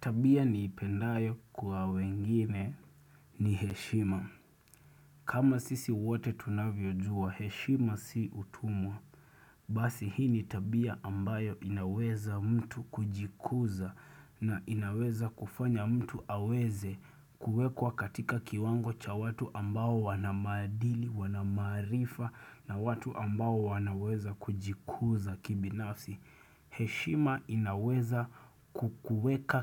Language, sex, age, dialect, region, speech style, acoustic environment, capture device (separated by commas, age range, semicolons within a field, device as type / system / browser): Swahili, male, 25-34, Kenya - Nairobi Sheng-influenced, Kenya, focused, silence, desktop / Windows / Chrome